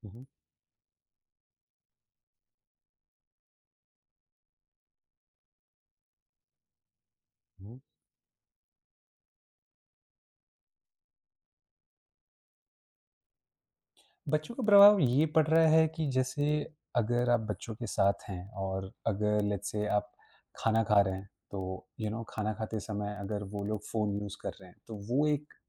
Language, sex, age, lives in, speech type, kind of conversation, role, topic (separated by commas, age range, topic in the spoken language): Hindi, male, 25-29, India, conversation, podcast, guest, आप अपने स्क्रीन समय को नियंत्रित करने के लिए कौन-सा तरीका अपनाते हैं?